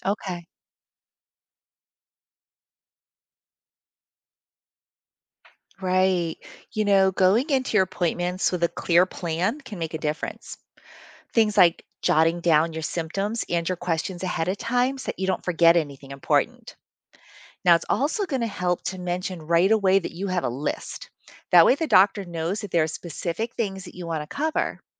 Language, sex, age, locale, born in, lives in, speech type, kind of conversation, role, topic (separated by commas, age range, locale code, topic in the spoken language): English, female, 55-59, en-US, United States, United States, read, expert-assistant, expert, How do I advocate for myself during medical appointments to ensure my concerns are heard?